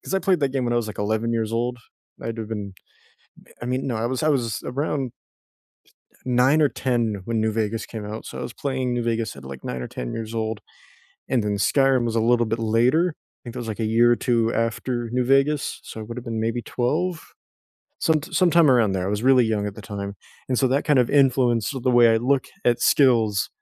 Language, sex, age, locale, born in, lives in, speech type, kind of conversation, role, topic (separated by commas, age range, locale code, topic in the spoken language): English, male, 20-24, en-US, United States, United States, conversation, unstructured, none, What unforgettable gaming moments shaped your love of games, and why do they still matter?